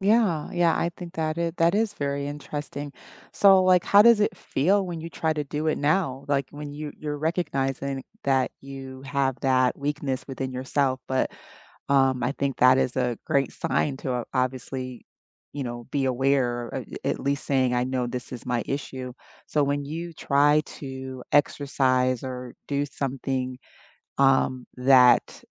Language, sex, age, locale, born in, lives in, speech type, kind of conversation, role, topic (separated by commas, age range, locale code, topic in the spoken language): English, female, 50-54, en-US, United States, United States, conversation, unstructured, none, How can you set kind boundaries while maintaining closeness in a relationship?